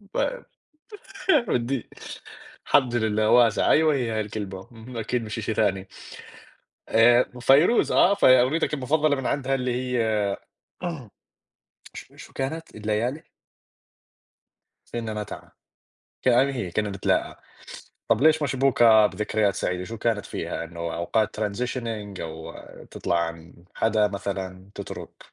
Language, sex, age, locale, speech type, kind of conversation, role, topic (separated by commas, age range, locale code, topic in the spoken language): Arabic, male, 20-24, ar-JO, conversation, unstructured, none, إيه الأغنية اللي بتفكّرك بأوقات سعيدة؟